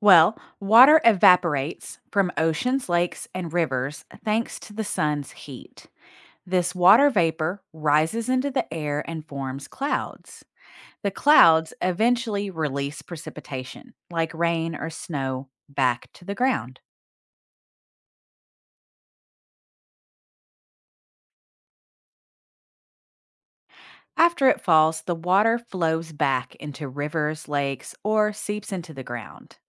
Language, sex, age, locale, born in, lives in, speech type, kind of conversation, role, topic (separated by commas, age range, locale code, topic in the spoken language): English, female, 40-44, en-US, United States, United States, read, expert-assistant, expert, How does the water cycle affect weather patterns?